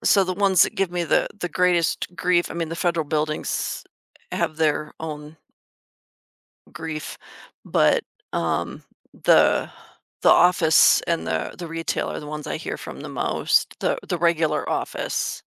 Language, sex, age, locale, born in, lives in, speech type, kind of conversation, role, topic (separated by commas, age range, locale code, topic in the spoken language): English, female, 50-54, en-US, United States, United States, conversation, advice, user, How can I manage stress at work and avoid burnout?